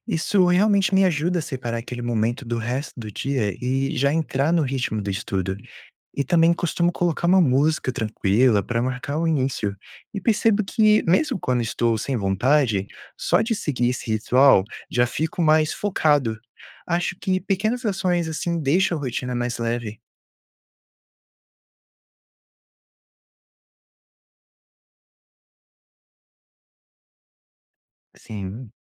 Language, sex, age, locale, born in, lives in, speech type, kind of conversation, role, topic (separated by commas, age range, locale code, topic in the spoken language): Portuguese, male, 20-24, pt-BR, Brazil, United States, read, expert-assistant, asker, Como criar metas realistas e manter a motivação na rotina?